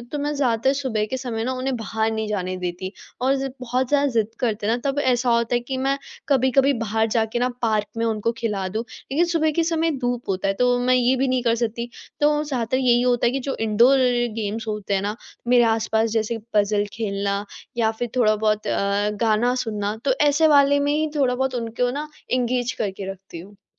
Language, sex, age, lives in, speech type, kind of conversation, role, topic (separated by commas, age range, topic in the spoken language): Hindi, female, 18-19, India, conversation, advice, user, बच्चों या परिवार के साथ रहते हुए अपनी सेहत की दिनचर्या बनाए रखना आपके लिए मुश्किल क्यों होता है?